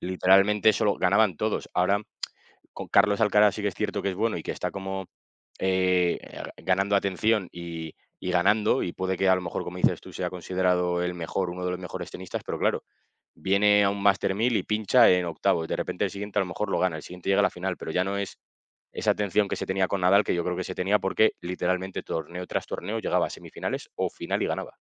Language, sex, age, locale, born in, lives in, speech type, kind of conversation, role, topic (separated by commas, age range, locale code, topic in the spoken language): Spanish, male, 25-29, es-ES, Spain, Spain, conversation, unstructured, none, ¿Es justo que algunos deportes reciban más atención que otros?